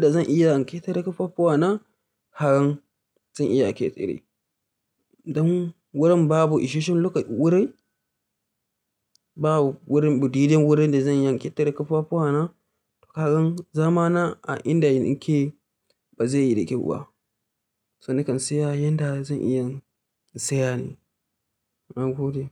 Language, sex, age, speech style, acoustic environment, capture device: Hausa, male, 25-34, tired; relaxed, silence, mobile / Linux / Chrome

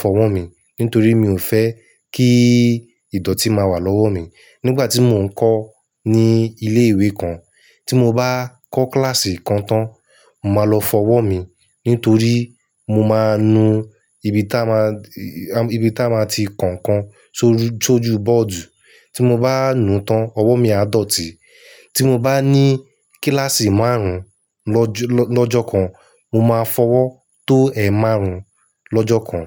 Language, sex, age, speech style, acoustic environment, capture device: Yoruba, male, 25-34, happy, silence, mobile / Linux / Chrome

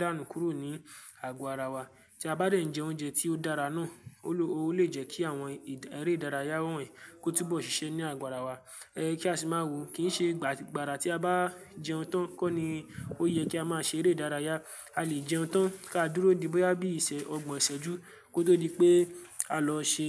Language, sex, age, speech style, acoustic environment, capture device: Yoruba, male, 18-24, happy; focused, silence; nature, mobile / Linux / Chrome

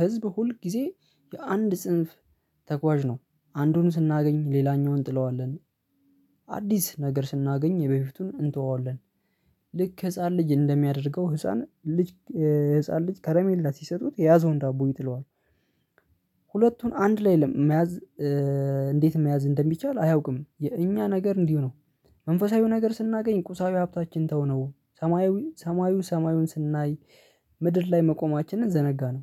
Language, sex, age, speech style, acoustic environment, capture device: Amharic, male, 25-34, relaxed, silence, mobile / Linux / Chrome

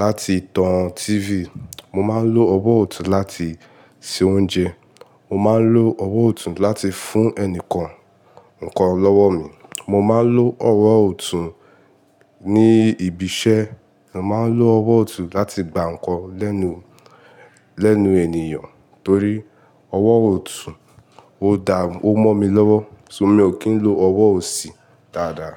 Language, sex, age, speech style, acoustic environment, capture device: Yoruba, male, 18-24, happy, silence, mobile / Linux / Chrome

